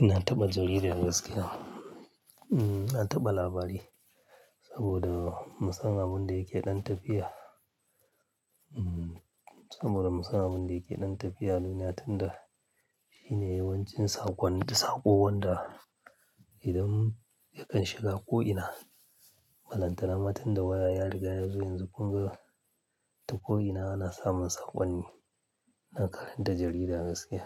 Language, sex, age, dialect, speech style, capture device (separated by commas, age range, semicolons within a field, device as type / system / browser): Hausa, male, 35-44, Nigeria - Kano, happy, mobile / Linux / Chrome